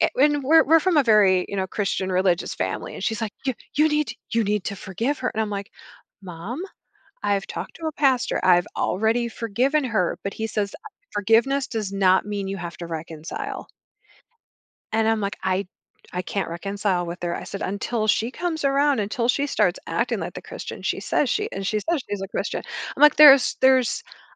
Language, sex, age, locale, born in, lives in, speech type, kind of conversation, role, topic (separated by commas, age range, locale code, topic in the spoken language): English, female, 45-49, en-US, United States, United States, conversation, advice, user, How can I communicate more clearly and listen better in my relationships?